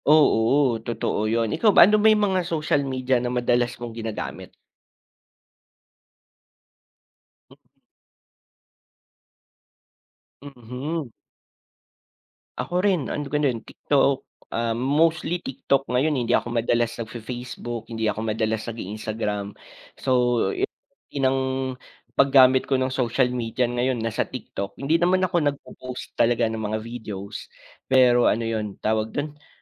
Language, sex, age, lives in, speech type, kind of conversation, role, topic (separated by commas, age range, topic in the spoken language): Filipino, male, 25-29, Philippines, conversation, unstructured, none, Paano nakaaapekto ang social media sa ating pang-araw-araw na buhay?